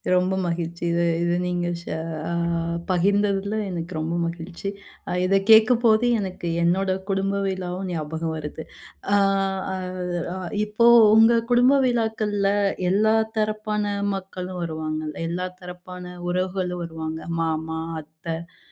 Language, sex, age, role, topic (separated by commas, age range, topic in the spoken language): Tamil, female, 30-34, host, ஒரு சாதாரண குடும்ப விழாவில் நீங்கள் எந்தெந்த பொழுதுபோக்குகளை ஏற்பாடு செய்வீர்கள்?